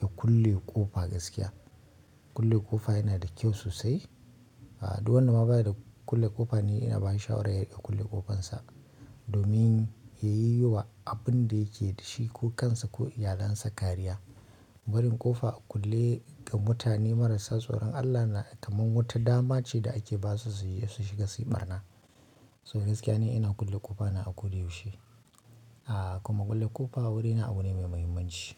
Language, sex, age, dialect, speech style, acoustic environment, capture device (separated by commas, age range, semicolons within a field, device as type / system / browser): Hausa, male, 25-34, Nigeria - Kano, excited; focused, people talking; nature, mobile / Linux / Chrome